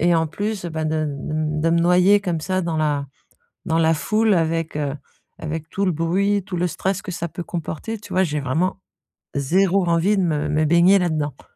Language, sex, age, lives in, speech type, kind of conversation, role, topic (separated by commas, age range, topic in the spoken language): French, female, 50-54, France, conversation, advice, user, Comment puis-je refuser une invitation à une fête sans blesser les autres ?